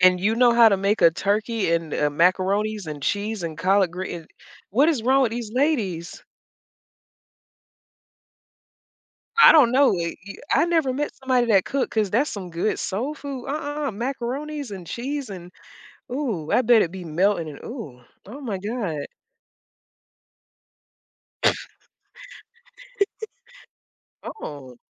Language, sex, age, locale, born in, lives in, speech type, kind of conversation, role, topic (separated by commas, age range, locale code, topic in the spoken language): English, female, 30-34, en-US, United States, United States, conversation, unstructured, none, What traditions have you created with roommates, friends, or family?